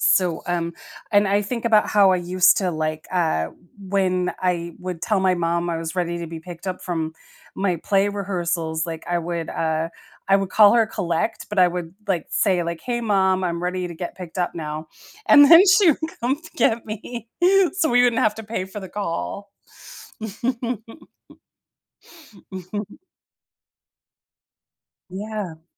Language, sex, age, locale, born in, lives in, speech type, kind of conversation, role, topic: English, female, 45-49, en-US, United States, United States, conversation, podcast, guest, In what ways has technology transformed our everyday conversations and relationships?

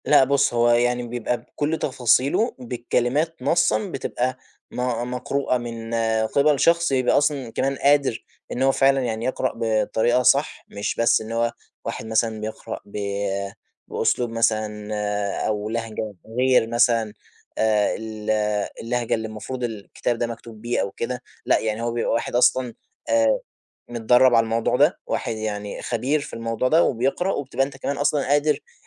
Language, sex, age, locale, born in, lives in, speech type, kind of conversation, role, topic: Arabic, male, 20-24, ar-EG, Egypt, Egypt, conversation, advice, advisor, إزاي أقدر أواظب على القراءة كل يوم من غير ما أتوقف؟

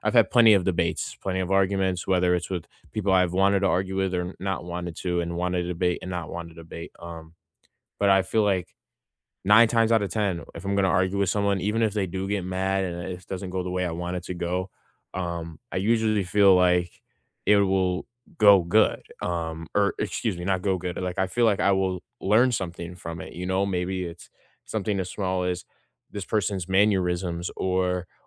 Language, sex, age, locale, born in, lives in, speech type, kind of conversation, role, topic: English, male, 18-19, en-US, United States, United States, conversation, unstructured, none, How can we respect different opinions during a discussion?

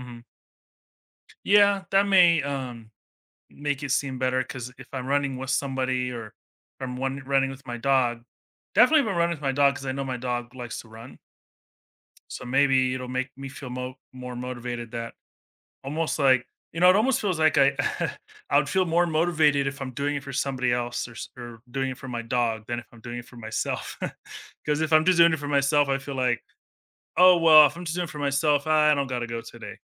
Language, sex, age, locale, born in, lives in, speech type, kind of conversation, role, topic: English, male, 40-44, en-US, United States, United States, conversation, advice, user, How do I build stamina and enjoy running more so it feels less exhausting?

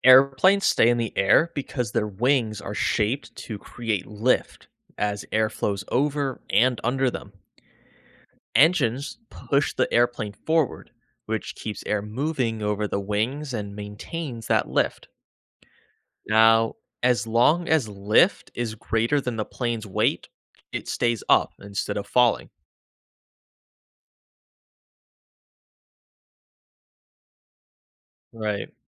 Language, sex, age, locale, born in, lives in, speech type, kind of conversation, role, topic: English, male, 25-29, en-US, United States, United States, read, expert-assistant, expert, How do airplanes generate lift and how do pilots manage stalls?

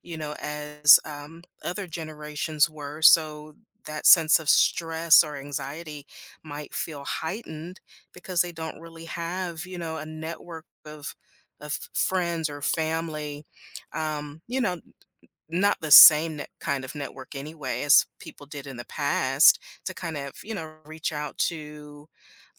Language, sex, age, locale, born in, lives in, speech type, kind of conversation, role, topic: English, female, 50-54, en-US, United States, United States, conversation, podcast, guest, How can adopting simple habits help us handle everyday stress more effectively?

